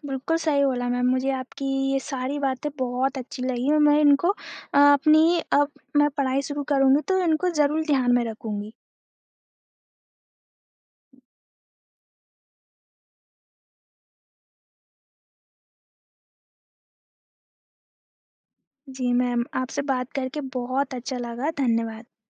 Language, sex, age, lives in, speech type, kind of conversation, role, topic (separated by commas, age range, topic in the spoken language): Hindi, female, 25-29, India, conversation, advice, user, लंबे लक्ष्य पर काम करते-करते मेरा मन उकता जाता है और प्रेरणा खत्म हो जाती है—मैं क्या करूँ?